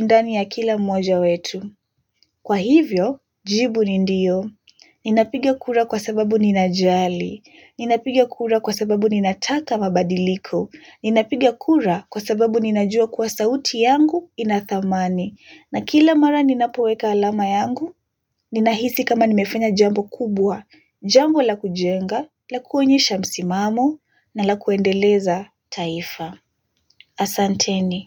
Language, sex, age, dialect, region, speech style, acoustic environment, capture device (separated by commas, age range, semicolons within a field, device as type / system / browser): Swahili, female, 18-24, Kenya - Nairobi Sheng-influenced, Kenya, relaxed, silence, mobile / Linux / Chrome